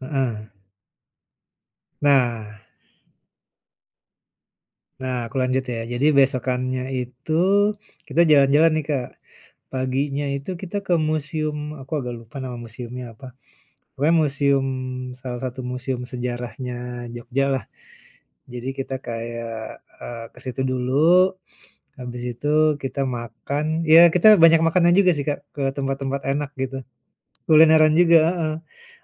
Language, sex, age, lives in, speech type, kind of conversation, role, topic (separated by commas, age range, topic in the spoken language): Indonesian, male, 45-49, Indonesia, conversation, podcast, guest, Apa momen perjalanan paling berkesan dalam hidupmu?